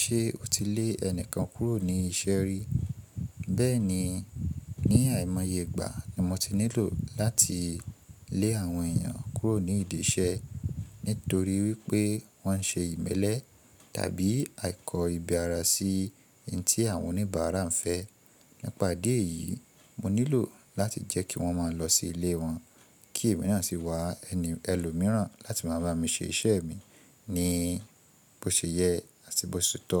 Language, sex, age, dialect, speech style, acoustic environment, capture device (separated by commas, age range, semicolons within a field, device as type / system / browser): Yoruba, male, 25-34, Nigeria - Ibadan, relaxed, appliances, mobile / Linux / Chrome